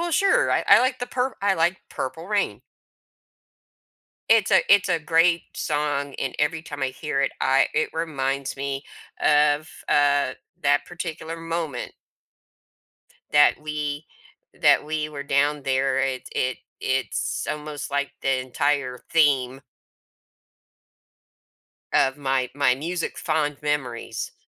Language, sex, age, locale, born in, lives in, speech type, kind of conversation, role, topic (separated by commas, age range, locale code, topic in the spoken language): English, female, 55-59, en-US, United States, United States, conversation, podcast, guest, How do early music experiences shape our connection to music as adults?